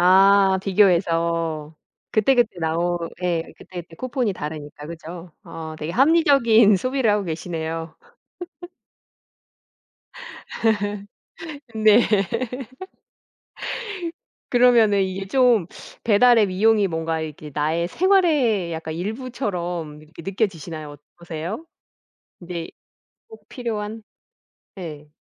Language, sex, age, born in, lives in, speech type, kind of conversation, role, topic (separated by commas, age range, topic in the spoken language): Korean, female, 45-49, South Korea, United States, conversation, podcast, host, 배달앱을 자주 쓰게 된 계기는 무엇인가요?